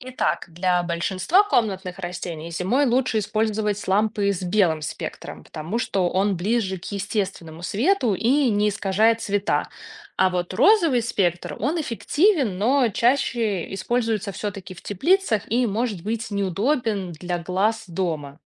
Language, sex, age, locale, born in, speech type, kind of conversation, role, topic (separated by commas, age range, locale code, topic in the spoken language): Russian, female, 30-34, ru-RU, Belarus, read, expert-assistant, expert, Почему советы по уходу за комнатными растениями часто противоречат друг другу? Как понять, что действительно работает?